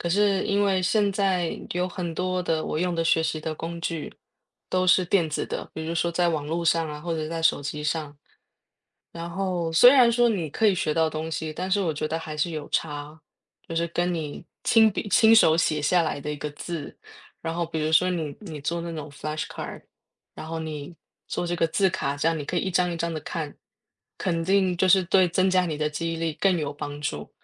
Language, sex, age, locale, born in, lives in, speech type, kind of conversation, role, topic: Chinese, female, 35-39, zh-TW, Taiwan, Germany, conversation, unstructured, none, 在学习时，你更喜欢用纸质书还是电子书？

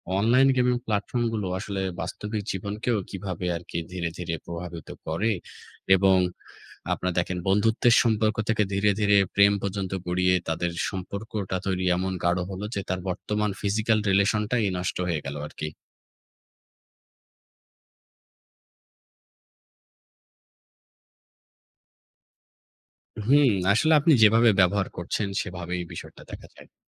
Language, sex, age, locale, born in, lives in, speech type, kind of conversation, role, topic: Bengali, male, 25-29, bn-BD, Bangladesh, Bangladesh, conversation, unstructured, none, কিশোর-কিশোরীদের মধ্যে ভিডিও গেম খেলার মাধ্যমে বন্ধুত্বের সম্পর্ক কীভাবে গড়ে ওঠে?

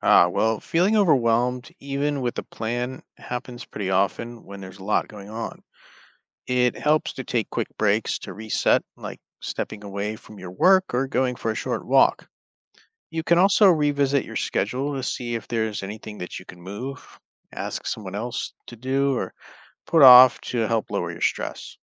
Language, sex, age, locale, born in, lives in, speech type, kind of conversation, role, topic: English, male, 35-39, en-US, United States, United States, read, expert-assistant, expert, What are effective strategies to balance school, work, and personal life?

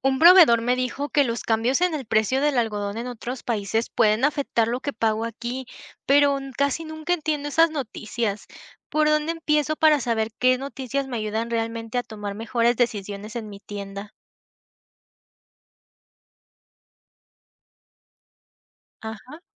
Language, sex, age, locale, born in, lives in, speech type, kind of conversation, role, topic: Spanish, female, 20-24, es-MX, Mexico, Mexico, read, expert-assistant, asker, ¿Cómo puedo aprovechar mejor las noticias internacionales para tomar decisiones en mi negocio?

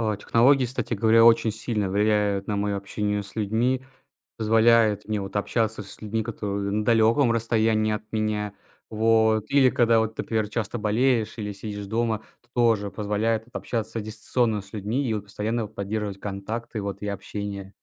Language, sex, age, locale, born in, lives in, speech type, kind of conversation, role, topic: Russian, male, 20-24, ru-RU, Russia, Estonia, conversation, podcast, guest, Как технологии влияют на твоё общение с людьми?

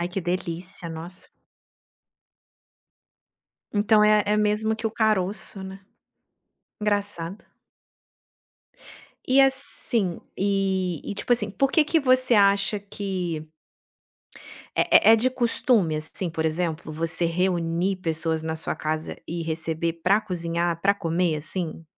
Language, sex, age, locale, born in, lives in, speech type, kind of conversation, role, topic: Portuguese, female, 35-39, pt-BR, Brazil, Italy, conversation, podcast, host, O que faz uma comida reunir as pessoas?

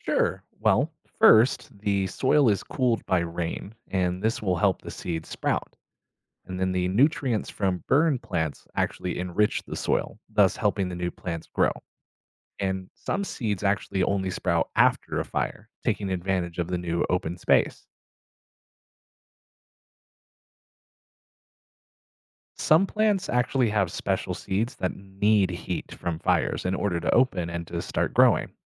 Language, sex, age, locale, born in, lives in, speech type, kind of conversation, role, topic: English, male, 30-34, en-US, United States, United States, read, expert-assistant, expert, How do ecosystems restore themselves after a wildfire?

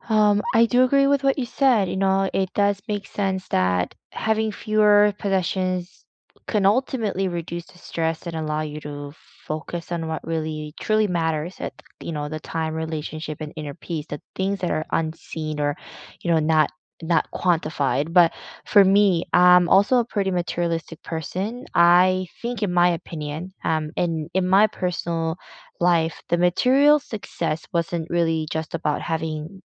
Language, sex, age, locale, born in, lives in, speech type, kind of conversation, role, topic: English, female, 30-34, en-CA, South Korea, Canada, conversation, unstructured, none, Could choosing a simpler life with fewer possessions bring more happiness and meaning than pursuing material success?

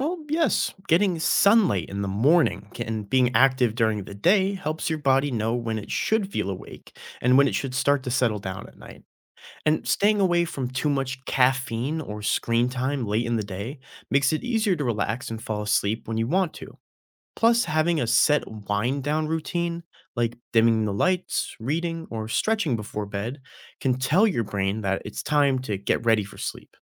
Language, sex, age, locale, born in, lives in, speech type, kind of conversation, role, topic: English, male, 20-24, en-US, United States, United States, read, expert-assistant, expert, Why do humans need to sleep?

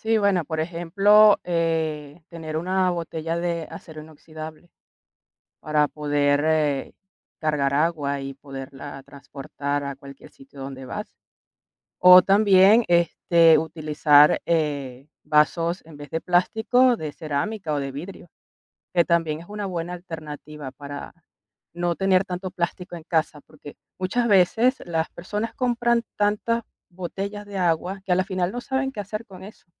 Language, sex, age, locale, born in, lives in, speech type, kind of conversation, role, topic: Spanish, female, 45-49, es-VE, Venezuela, Italy, conversation, podcast, guest, ¿Qué opinas sobre el plástico de un solo uso y cómo podemos reducirlo?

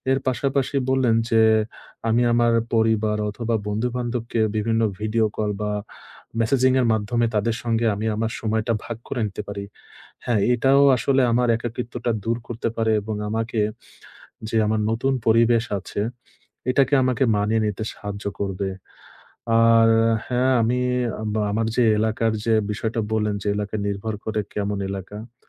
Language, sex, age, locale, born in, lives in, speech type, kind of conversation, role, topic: Bengali, male, 25-29, bn-BD, Bangladesh, Bangladesh, conversation, advice, user, নতুন পরিবেশে এসে নিজেকে একাকী লাগলে আমি কীভাবে সামলাবো?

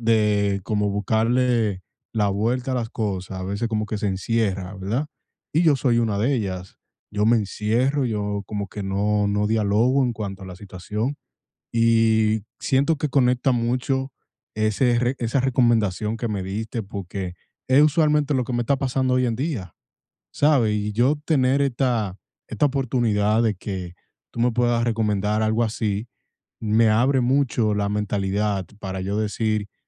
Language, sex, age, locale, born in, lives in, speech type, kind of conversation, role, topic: Spanish, male, 25-29, es-DO, United States, United States, conversation, advice, user, ¿Cómo puedo superar la vergüenza al pedir ayuda?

